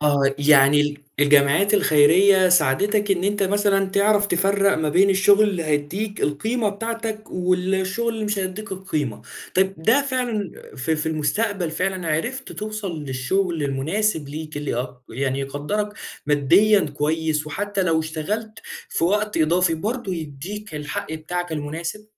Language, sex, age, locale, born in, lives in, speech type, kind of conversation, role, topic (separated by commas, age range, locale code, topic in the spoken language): Arabic, male, 20-24, ar-EG, Saudi Arabia, Egypt, conversation, podcast, host, ازاي بتحس لما يطلبوا منك تشتغل وقت إضافي من غير فلوس؟